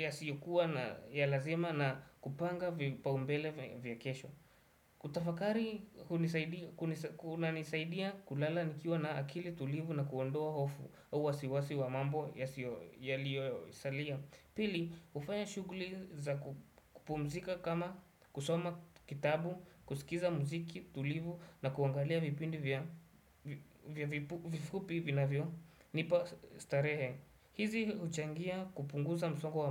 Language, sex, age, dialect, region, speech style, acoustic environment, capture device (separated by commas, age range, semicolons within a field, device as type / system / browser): Swahili, male, 18-24, Kenya - Nairobi Sheng-influenced, Kenya, happy; anxious; nervous; excited; focused; relaxed, people talking; music; traffic; construction; appliances, desktop / Windows / Chrome